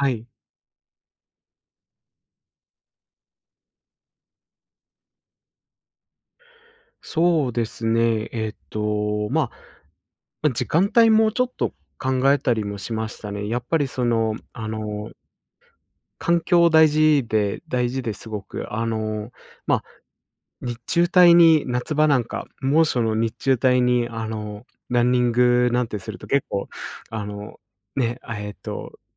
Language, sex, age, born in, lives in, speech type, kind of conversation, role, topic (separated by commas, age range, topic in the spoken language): Japanese, male, 25-29, Japan, Japan, conversation, podcast, guest, 習慣化するためにできる小さな工夫には、どんなものがありますか？